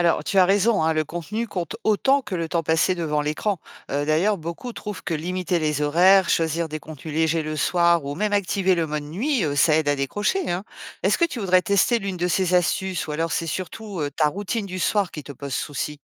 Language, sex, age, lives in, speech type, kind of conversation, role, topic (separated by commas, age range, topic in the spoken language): French, female, 50-54, France, read, expert-assistant, expert, Les écrans sont-ils vraiment aussi mauvais pour le moral qu’on le dit ?